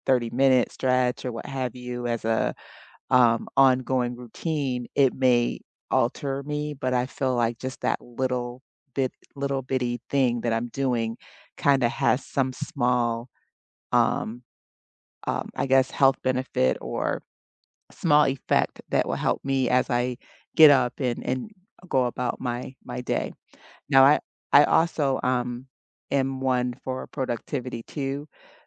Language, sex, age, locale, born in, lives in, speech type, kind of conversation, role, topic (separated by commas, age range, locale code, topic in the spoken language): English, female, 50-54, en-US, United States, United States, conversation, unstructured, none, What is the best small habit that has improved your day lately?